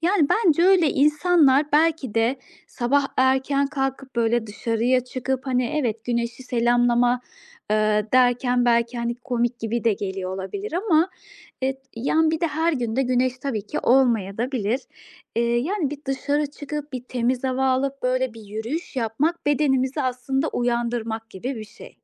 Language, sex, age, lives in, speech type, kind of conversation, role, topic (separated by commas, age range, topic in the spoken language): Turkish, female, 35-39, Austria, conversation, advice, advisor, Sabahları daha enerjik hissetmek için her gün neler yapabilirim?